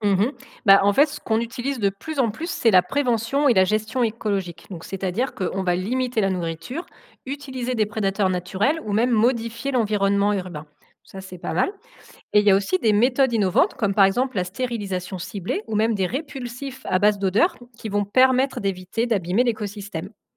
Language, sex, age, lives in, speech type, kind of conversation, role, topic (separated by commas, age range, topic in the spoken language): French, female, 35-39, France, read, expert-assistant, expert, Les animaux évoluent-ils plus à cause de la compétition ou grâce à la coopération ?